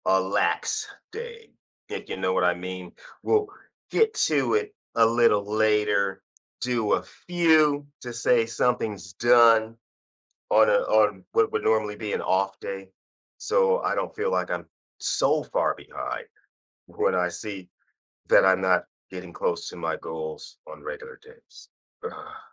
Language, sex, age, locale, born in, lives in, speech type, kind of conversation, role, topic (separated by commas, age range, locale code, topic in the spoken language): English, male, 55-59, en-US, United States, United States, conversation, advice, user, How do you balance work and your personal life?